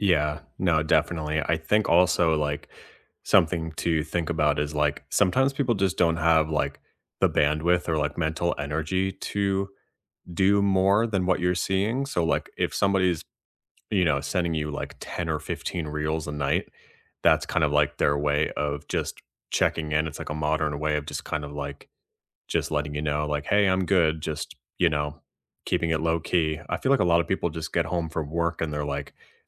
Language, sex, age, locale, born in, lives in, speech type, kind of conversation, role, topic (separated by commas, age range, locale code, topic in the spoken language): English, male, 30-34, en-US, United States, United States, conversation, unstructured, none, How do you keep friendships strong when life gets busy, and what simple habits help you stay connected?